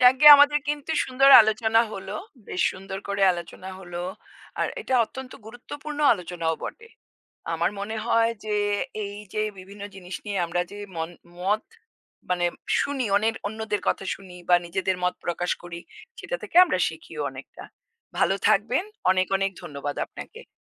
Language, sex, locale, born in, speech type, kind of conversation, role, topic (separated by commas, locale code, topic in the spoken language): Bengali, female, bn-IN, India, conversation, unstructured, none, আপনার কি মনে হয়, অন্যকে বোঝানোর চেয়ে নিজের মত মানানো কি বেশি জরুরি?